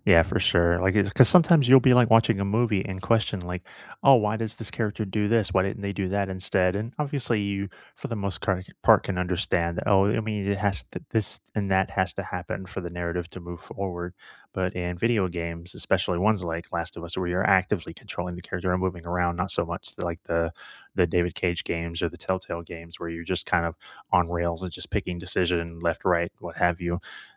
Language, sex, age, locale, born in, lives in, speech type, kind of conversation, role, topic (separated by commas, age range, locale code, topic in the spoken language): English, male, 35-39, en-US, United States, United States, conversation, unstructured, none, Which video game stories felt more powerful than most movies for you, and why did they stick with you?